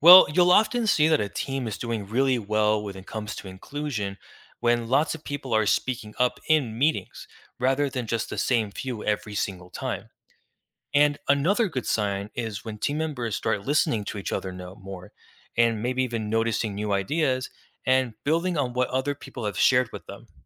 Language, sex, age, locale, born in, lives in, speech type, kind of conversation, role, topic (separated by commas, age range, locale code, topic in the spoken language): English, male, 20-24, en-US, United States, United States, read, expert-assistant, expert, How does teamwork help people accomplish difficult tasks?